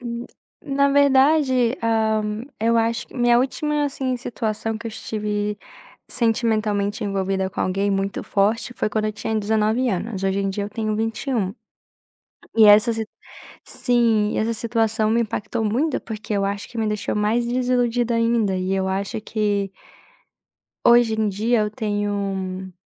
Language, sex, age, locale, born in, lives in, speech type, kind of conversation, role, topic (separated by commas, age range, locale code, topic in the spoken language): Portuguese, female, 20-24, pt-BR, Brazil, United States, conversation, advice, user, Como lidar com expectativas não realizadas após o término de um relacionamento?